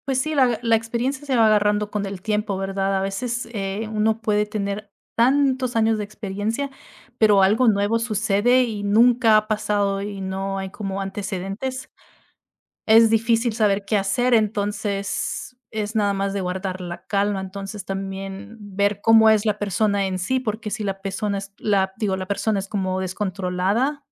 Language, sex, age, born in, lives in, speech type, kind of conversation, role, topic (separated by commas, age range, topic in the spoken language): Spanish, female, 30-34, United States, United States, conversation, unstructured, none, ¿Qué cualidades valoras más en un buen presidente o gobernante?